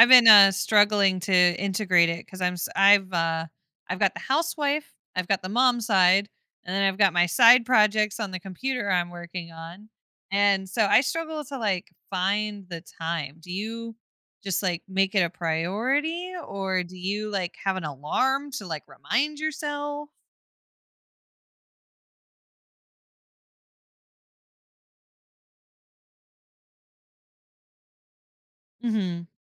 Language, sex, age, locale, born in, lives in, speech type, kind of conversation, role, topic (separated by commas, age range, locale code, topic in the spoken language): English, female, 25-29, en-US, United States, United States, conversation, podcast, host, How does making daily movement a habit impact your overall well-being?